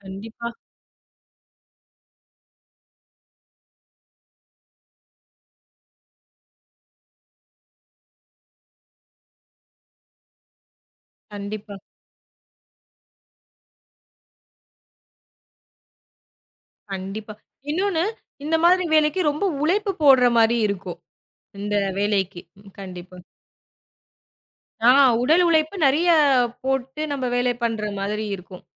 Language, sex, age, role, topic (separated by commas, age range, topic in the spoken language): Tamil, female, 25-29, host, மாணவர்களின் கல்வியில் பெற்றோரின் பங்கு எவ்வளவு முக்கியமானது?